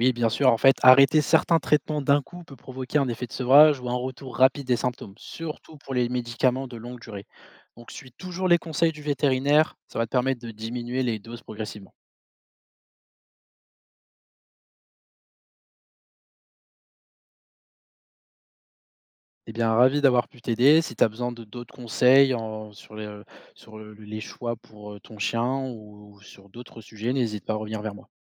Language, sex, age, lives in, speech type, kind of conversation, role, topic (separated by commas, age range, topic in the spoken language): French, male, 25-29, France, read, expert-assistant, expert, Que ferais-tu si tu devais choisir entre soigner ton animal avec un traitement classique ou tenter une nouvelle thérapie inconnue ?